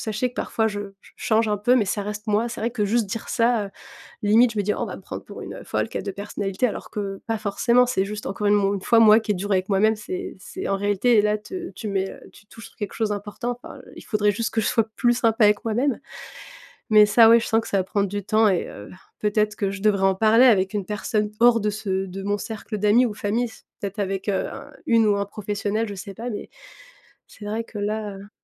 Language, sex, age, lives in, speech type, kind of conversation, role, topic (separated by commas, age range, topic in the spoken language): French, female, 30-34, France, conversation, advice, user, Comment puis-je avoir plus confiance en moi pour être moi-même ?